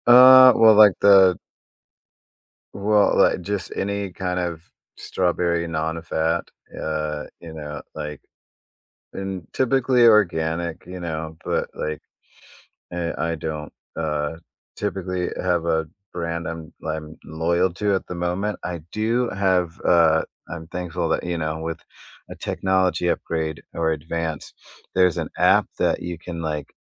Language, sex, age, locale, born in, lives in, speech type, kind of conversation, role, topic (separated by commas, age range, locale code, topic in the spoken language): English, male, 30-34, en-US, United States, United States, conversation, unstructured, none, What comfort food do you love, and what kitchen experiment are you proud of?